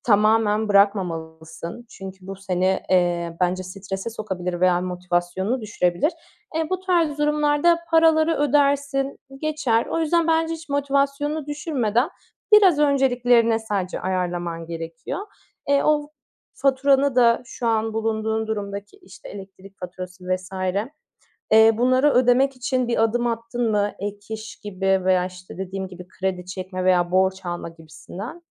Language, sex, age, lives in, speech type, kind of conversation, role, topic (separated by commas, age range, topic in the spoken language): Turkish, female, 25-29, Greece, conversation, advice, advisor, Acil durum fonun olmadığı için beklenmedik faturalarla karşılaşınca nasıl panik yaşıyorsun?